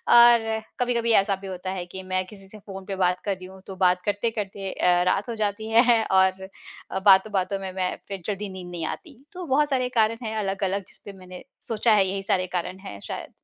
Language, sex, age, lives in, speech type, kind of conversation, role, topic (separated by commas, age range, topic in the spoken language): Hindi, female, 35-39, India, conversation, podcast, guest, आप अपनी गलत आदतें छोड़ने की शुरुआत कैसे करते हैं?